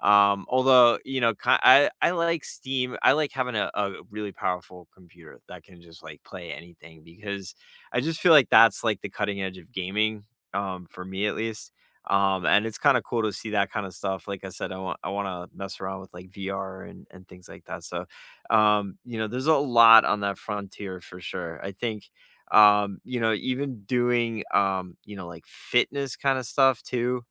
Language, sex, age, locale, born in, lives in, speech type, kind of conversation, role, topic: English, male, 40-44, en-US, United States, United States, conversation, unstructured, none, What emerging technology are you curious about but haven’t tried yet?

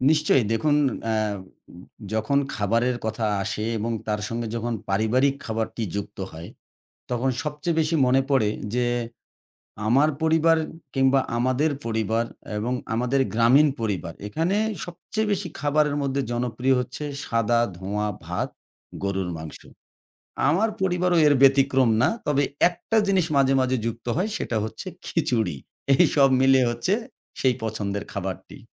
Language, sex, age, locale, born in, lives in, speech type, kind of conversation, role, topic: Bengali, male, 40-44, bn-BD, Bangladesh, Bangladesh, conversation, podcast, guest, আপনার পছন্দের পারিবারিক খাবার নিয়ে কোনো গল্প আছে কি?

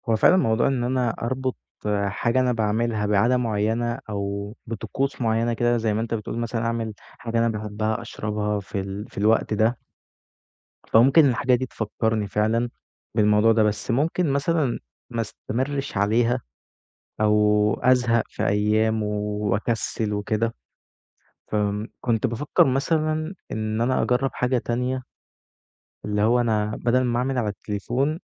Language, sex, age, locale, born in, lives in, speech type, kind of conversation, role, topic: Arabic, male, 20-24, ar-EG, Egypt, Egypt, conversation, advice, user, إزاي أبطل عادة التسويف وأبطل أأجل المهام المهمة؟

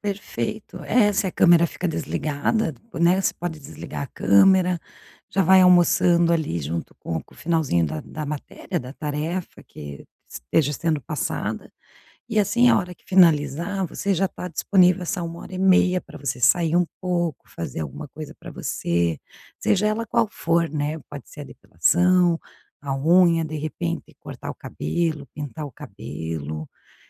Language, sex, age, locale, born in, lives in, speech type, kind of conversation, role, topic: Portuguese, female, 45-49, pt-BR, Brazil, Portugal, conversation, advice, advisor, Como manter o autocuidado quando meus horários são muito irregulares?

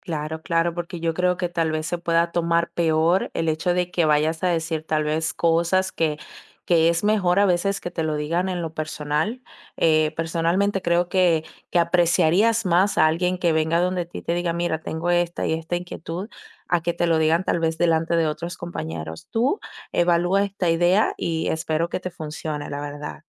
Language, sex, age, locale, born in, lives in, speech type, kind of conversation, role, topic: Spanish, female, 20-24, es-DO, Dominican Republic, Italy, conversation, advice, advisor, ¿Cómo puedo ofrecer retroalimentación sin que la otra persona se ponga a la defensiva?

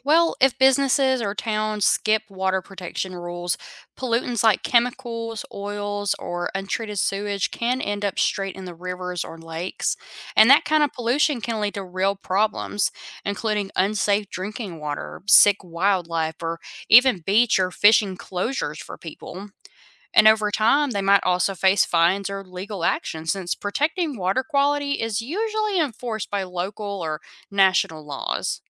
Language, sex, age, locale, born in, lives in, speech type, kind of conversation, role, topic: English, female, 25-29, en-US, United States, United States, read, expert-assistant, expert, Why is it important to keep rivers and lakes clean?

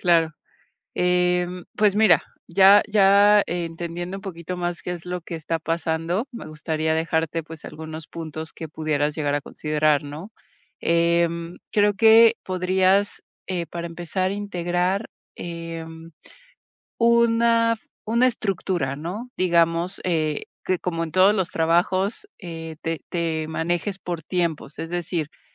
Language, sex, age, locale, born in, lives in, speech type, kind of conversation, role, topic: Spanish, female, 40-44, es-MX, Mexico, Mexico, conversation, advice, advisor, ¿Cómo puedo equilibrar mi vida personal y el trabajo al iniciar una startup?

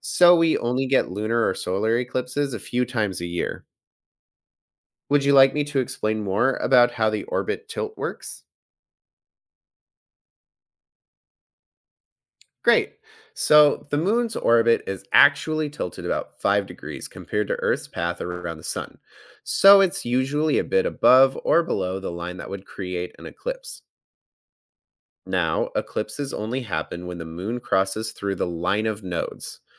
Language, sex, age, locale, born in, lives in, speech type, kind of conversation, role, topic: English, male, 30-34, en-US, United States, United States, read, expert-assistant, expert, What determines the phases and timing of the moon and eclipses?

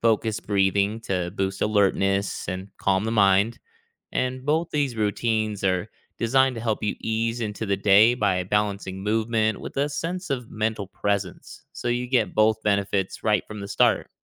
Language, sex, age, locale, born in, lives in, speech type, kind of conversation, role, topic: English, male, 35-39, en-US, United States, United States, read, expert-assistant, expert, What daily routines from other cultures boost focus?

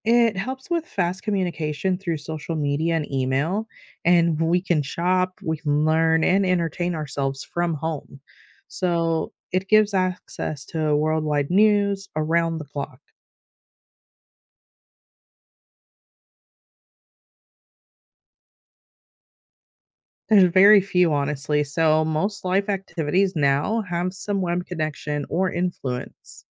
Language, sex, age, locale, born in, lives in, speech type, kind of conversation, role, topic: English, female, 35-39, en-US, United States, United States, read, expert-assistant, expert, What is the significance of the World Wide Web?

